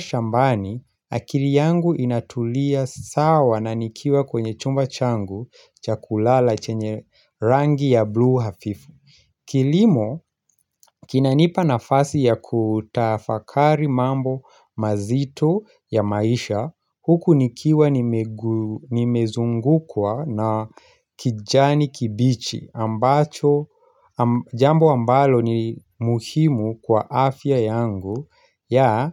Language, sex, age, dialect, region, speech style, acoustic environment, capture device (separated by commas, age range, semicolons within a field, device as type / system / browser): Swahili, male, 25-34, Kenya - Nairobi Sheng-influenced, Kenya, relaxed, silence; nature, mobile / Linux / Chrome